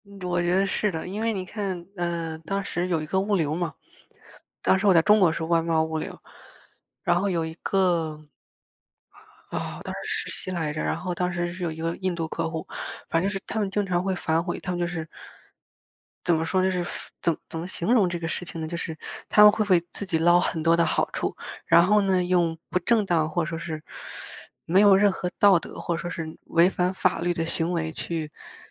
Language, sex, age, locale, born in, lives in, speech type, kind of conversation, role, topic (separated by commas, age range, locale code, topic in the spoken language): Chinese, female, 25-29, zh-CN, China, United States, conversation, unstructured, none, 为什么有些人总是拖延，迟迟不开始做事？